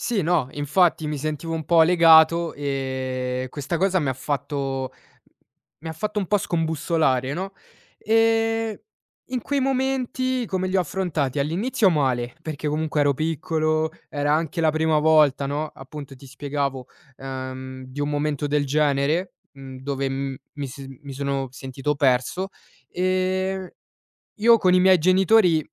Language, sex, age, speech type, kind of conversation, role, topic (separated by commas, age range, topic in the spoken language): Italian, male, 20-24, conversation, podcast, guest, Come affronti i momenti in cui ti senti perso o confuso?